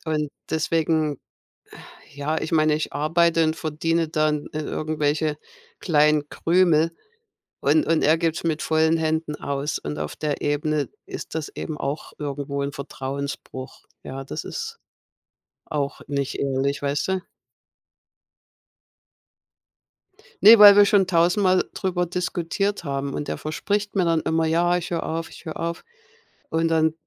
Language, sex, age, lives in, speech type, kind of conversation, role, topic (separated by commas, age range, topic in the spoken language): German, female, 55-59, United States, conversation, unstructured, none, Wie wichtig ist dir Ehrlichkeit in schwierigen Situationen?